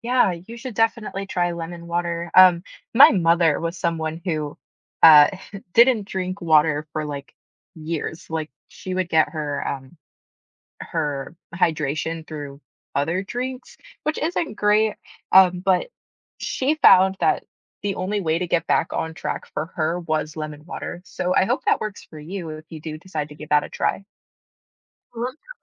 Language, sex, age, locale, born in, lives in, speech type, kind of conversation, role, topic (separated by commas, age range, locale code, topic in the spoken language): English, female, 25-29, en-US, United States, United States, conversation, unstructured, none, Which small daily rituals help you feel your best, and how can we encourage each other?